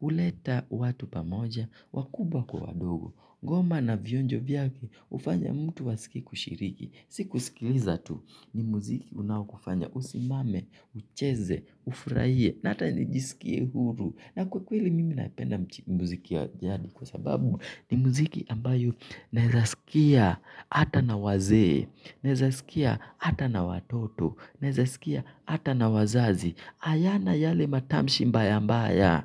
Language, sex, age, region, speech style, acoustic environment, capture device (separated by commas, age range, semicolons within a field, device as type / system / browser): Swahili, male, 25-34, Kenya, relaxed, nature, mobile / Linux / Chrome